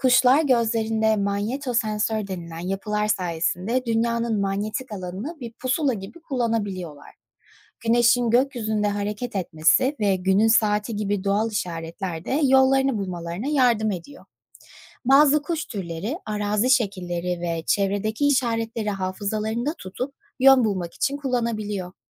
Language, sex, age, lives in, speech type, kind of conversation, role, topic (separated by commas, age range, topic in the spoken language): Turkish, female, 25-29, United States, read, expert-assistant, expert, Kuşlar nasıl yön bulur?